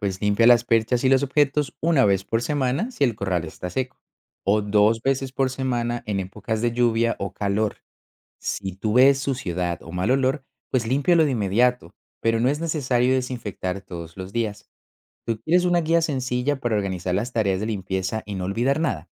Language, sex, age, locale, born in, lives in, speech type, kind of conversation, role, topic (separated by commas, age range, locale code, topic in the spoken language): Spanish, male, 25-29, es-CO, Colombia, Netherlands, read, expert-assistant, expert, ¿Cuál es el truco más útil que usas para ahorrar tiempo cuando cuidas animales de granja?